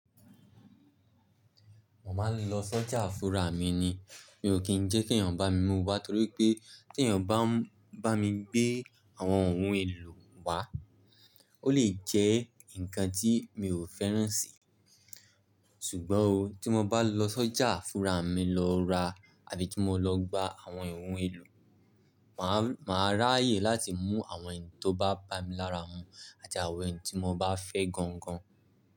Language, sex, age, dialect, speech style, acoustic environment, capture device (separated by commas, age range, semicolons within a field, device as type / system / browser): Yoruba, male, 18-24, Nigeria - Lagos Yoruba, relaxed, silence, mobile / Linux / Chrome